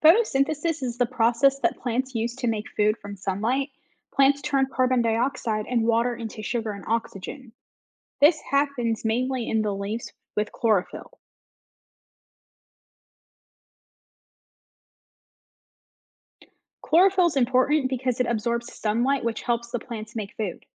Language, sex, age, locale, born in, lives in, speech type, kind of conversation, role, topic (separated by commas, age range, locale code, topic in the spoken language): English, female, 25-29, en-US, United States, United States, read, expert-assistant, expert, What is the process of photosynthesis?